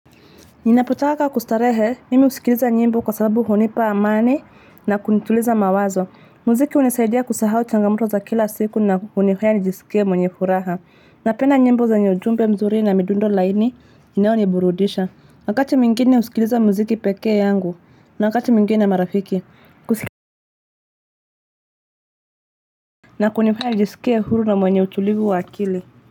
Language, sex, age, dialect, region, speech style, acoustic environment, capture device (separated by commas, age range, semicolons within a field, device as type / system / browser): Swahili, female, 25-34, Kenya - Nairobi Sheng-influenced, Kenya, focused, traffic, mobile / Linux / Chrome